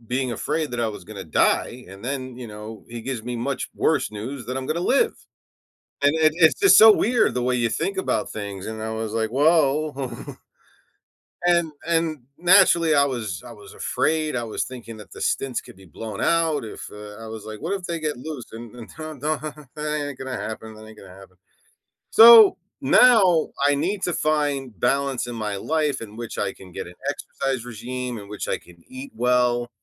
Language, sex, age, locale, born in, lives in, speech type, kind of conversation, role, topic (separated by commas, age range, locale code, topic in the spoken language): English, male, 45-49, en-US, United States, United States, conversation, advice, user, How can I set boundaries and manage my time to balance work and personal life?